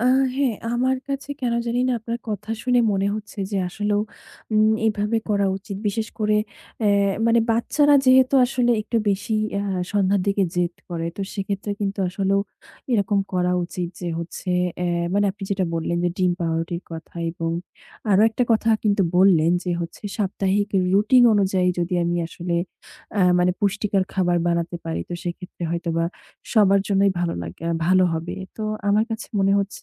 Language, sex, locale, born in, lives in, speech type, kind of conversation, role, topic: Bengali, female, bn-BD, Bangladesh, Bangladesh, conversation, advice, user, পরিবারের সবাইকে খুশি রাখতে পুষ্টিকর খাবার বানাতে আপনার কি চাপ লাগে?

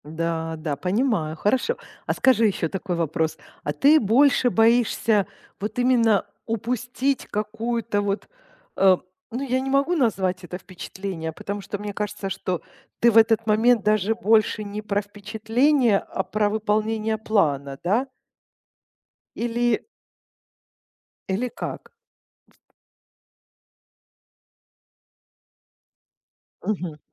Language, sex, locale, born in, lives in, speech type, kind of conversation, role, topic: Russian, female, ru-RU, Ukraine, Italy, conversation, advice, advisor, Почему стремление к результату мешает мне получать удовольствие?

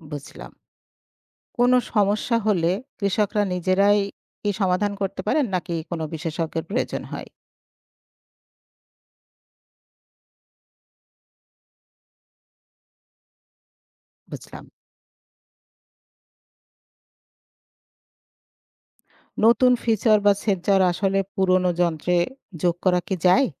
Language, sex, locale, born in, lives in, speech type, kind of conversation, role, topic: Bengali, female, bn-BD, Bangladesh, Bangladesh, read, expert-assistant, asker, কৃষি কাজে নতুন প্রযুক্তি ব্যবহার শুরু করতে সহজ ও কার্যকর পদ্ধতি কী হতে পারে বলে আপনি মনে করেন?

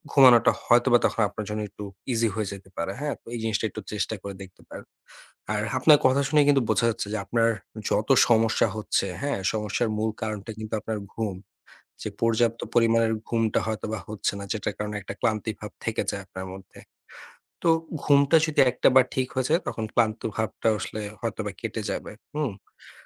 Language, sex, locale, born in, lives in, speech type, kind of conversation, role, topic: Bengali, male, bn-BD, Bangladesh, Bangladesh, conversation, advice, advisor, নিয়মিত ও পর্যাপ্ত ঘুমের রুটিন গড়ে তুলতে আপনার কী কী সমস্যা হচ্ছে?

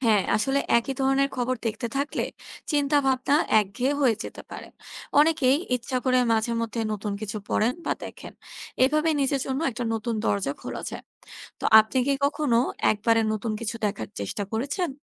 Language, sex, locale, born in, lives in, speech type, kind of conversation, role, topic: Bengali, female, bn-BD, Bangladesh, Bangladesh, read, expert-assistant, expert, কেন আমরা মাঝে মাঝে শুধু আমাদের পছন্দের খবরই দেখি, সেটা ব্যাখ্যা করার জন্য কোনো সাধারণ জীবনের তুলনা দিতে পারেন?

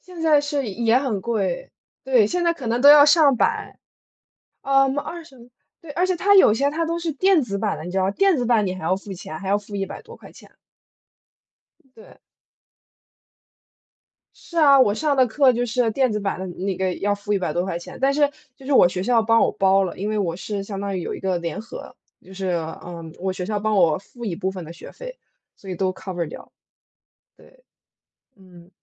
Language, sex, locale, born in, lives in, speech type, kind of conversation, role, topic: Chinese, female, zh-CN, United States, United States, conversation, unstructured, none, 你认为纸质书和电子书哪个阅读体验更好？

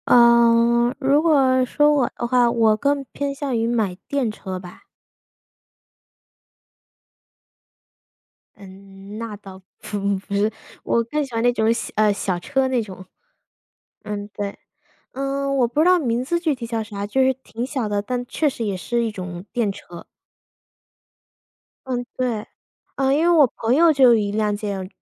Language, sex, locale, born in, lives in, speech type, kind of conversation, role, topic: Chinese, female, zh-CN, United States, United States, conversation, unstructured, none, 你怎么看待空气污染问题？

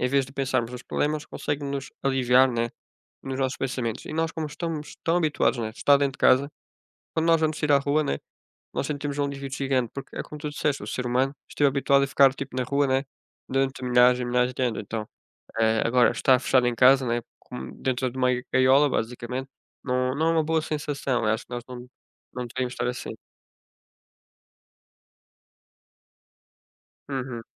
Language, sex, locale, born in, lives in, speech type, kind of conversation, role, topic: Portuguese, male, pt-PT, Portugal, Portugal, conversation, unstructured, none, Como você se sente quando está em contato com a natureza?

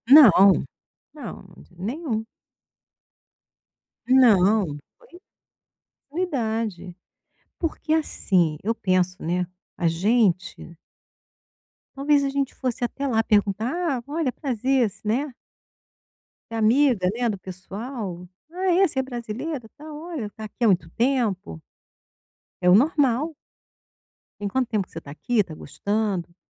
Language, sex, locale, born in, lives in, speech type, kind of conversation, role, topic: Portuguese, female, pt-BR, Brazil, Portugal, conversation, advice, user, Como posso lidar com o medo de ser rejeitado em eventos sociais?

